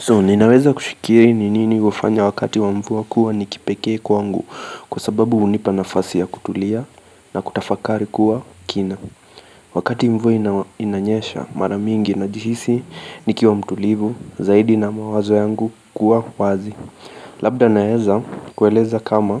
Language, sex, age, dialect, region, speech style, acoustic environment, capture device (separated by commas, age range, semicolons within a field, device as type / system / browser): Swahili, male, 25-34, Kenya - Mombasa, Kenya, focused, silence, mobile / Linux / Chrome